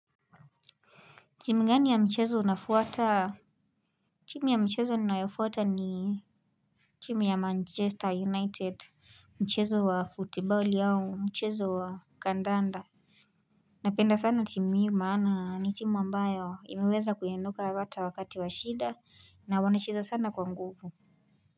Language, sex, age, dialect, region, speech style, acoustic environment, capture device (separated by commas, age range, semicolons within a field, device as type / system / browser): Swahili, female, 18-24, Kenya - Nairobi Sheng-influenced, Kenya, relaxed, music, mobile / Linux / Chrome